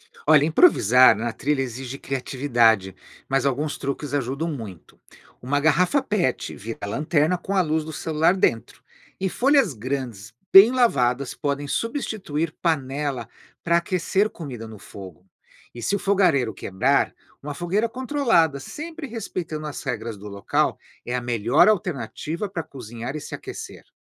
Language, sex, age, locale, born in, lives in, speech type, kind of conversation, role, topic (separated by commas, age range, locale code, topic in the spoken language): Portuguese, male, 55-59, pt-BR, Brazil, United States, read, expert-assistant, expert, Existe um jeito eficiente de improvisar soluções para equipamentos quebrados ou esquecidos durante uma trilha longa?